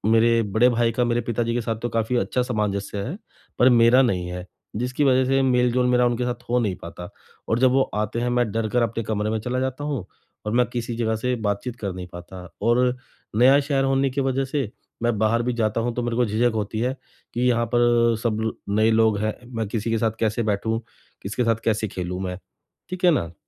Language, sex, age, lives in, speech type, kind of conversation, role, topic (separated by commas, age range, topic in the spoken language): Hindi, male, 35-39, India, conversation, advice, user, नई जगह पर परिवार के साथ रहते हुए मैं दैनिक जीवन और आदतों के बदलाव को कैसे अच्छे से संभालूं?